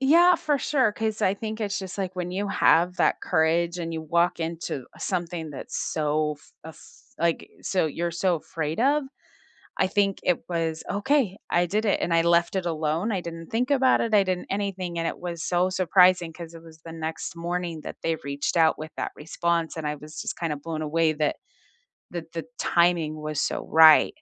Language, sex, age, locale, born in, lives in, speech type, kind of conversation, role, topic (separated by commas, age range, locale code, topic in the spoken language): English, female, 45-49, en-US, United States, United States, conversation, unstructured, none, When was a recent moment in everyday life when you felt brave, and what helped you do it?